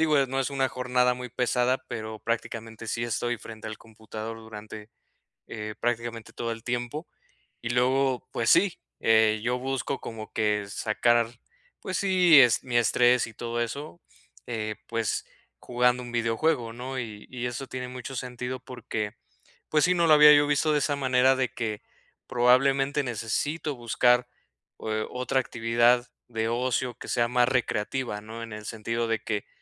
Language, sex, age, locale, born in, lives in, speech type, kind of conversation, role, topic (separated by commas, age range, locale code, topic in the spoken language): Spanish, male, 30-34, es-MX, Mexico, Mexico, conversation, advice, user, ¿Por qué ya no disfruto mis hobbies o actividades de ocio?